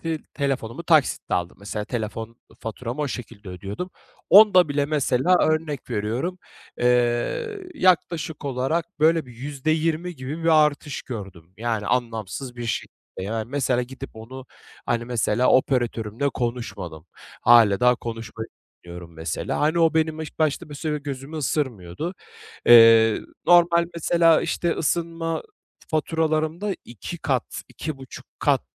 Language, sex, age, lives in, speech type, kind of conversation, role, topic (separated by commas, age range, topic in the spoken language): Turkish, male, 25-29, Bulgaria, conversation, advice, user, Acil durum fonun olmadığı için beklenmedik faturalarla karşılaşınca nasıl panik yaşıyorsun?